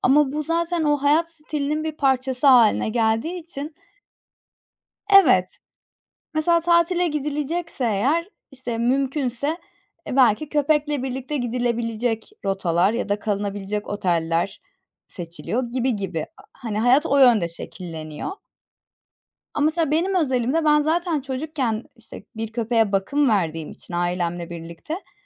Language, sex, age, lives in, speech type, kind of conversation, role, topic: Turkish, female, 25-29, Germany, conversation, unstructured, none, Hayvan sahiplenmek çocuklara ne öğretir?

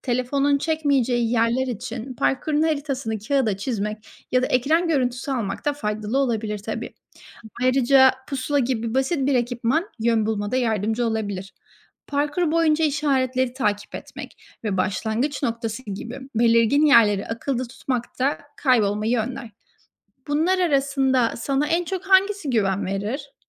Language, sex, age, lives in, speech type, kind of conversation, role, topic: Turkish, female, 25-29, Spain, read, expert-assistant, expert, Doğa yürüyüşüne yeni başlayanlar için nelere dikkat etmek gerekir?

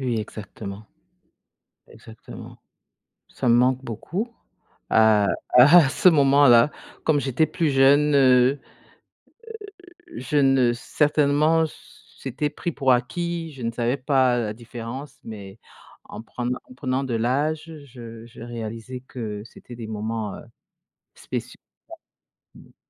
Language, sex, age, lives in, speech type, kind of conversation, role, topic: French, female, 50-54, United States, conversation, unstructured, none, Quel est ton meilleur souvenir avec ta famille ?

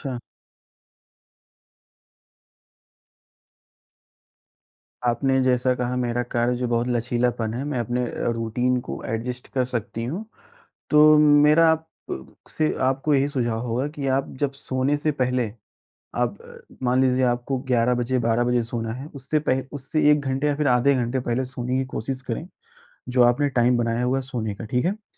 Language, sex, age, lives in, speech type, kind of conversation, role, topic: Hindi, male, 20-24, India, conversation, advice, advisor, मैं रात को जल्दी और चिंता‑मुक्त सोने के लिए एक शांत सोने की दिनचर्या कैसे बना सकता/सकती हूँ?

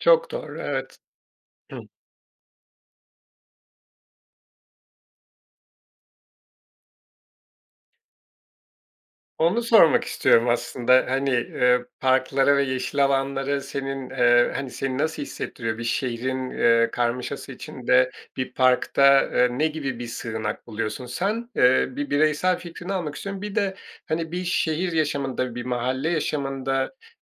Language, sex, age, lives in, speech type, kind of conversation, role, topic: Turkish, male, 40-44, Portugal, conversation, podcast, host, Şehirde daha fazla yeşil alan oluşturmak için neler yapmalıyız?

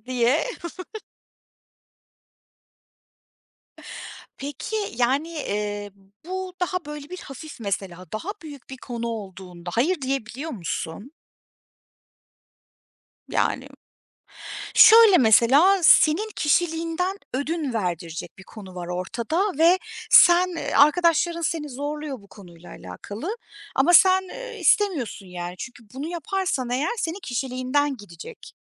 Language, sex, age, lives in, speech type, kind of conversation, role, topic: Turkish, female, 35-39, Germany, conversation, podcast, host, Birine hayır demen gerektiğinde nasıl davranırsın, bunu söylemek senin için zor mu?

